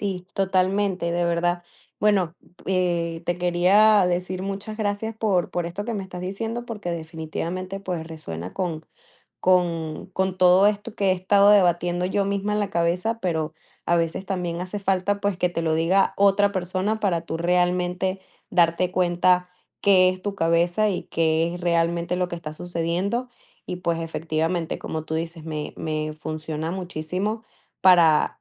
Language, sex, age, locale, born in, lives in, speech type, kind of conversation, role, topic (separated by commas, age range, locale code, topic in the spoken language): Spanish, female, 20-24, es-VE, Venezuela, United States, conversation, advice, user, ¿De qué manera el perfeccionismo te impide terminar tus proyectos creativos y te lleva a rehacerlos una y otra vez?